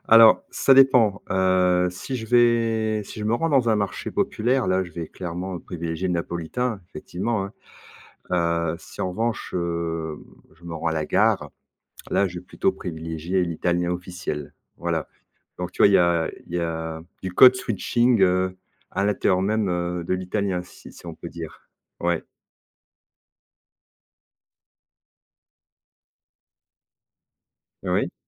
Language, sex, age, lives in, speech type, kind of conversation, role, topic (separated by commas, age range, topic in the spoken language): French, male, 50-54, France, conversation, podcast, guest, Comment la langue de tes grands-parents se maintient-elle encore aujourd’hui ?